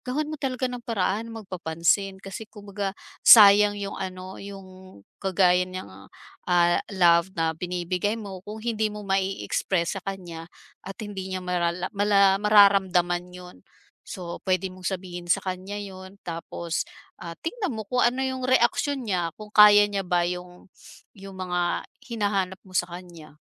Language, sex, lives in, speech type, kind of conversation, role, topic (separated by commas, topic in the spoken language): Filipino, female, Philippines, conversation, advice, advisor, Paano natin pag-uusapan nang tapat ang pangmatagalang paglalaan ng sarili sa relasyon?